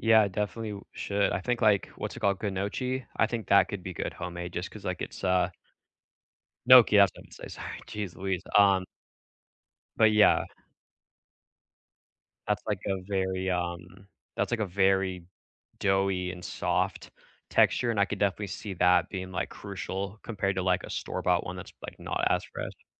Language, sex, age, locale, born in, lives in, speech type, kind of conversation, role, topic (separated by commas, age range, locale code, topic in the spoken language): English, male, 25-29, en-US, United States, United States, conversation, unstructured, none, What is a new hobby or skill you are exploring just for fun, and what drew you to it?